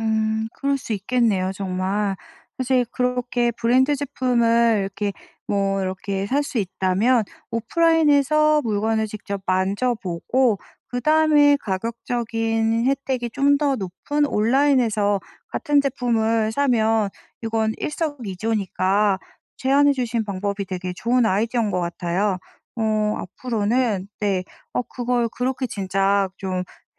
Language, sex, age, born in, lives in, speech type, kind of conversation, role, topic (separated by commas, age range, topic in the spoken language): Korean, female, 40-44, South Korea, France, conversation, advice, user, 온라인 쇼핑에서 안전하게 구매하고 상품의 품질을 어떻게 확인할 수 있나요?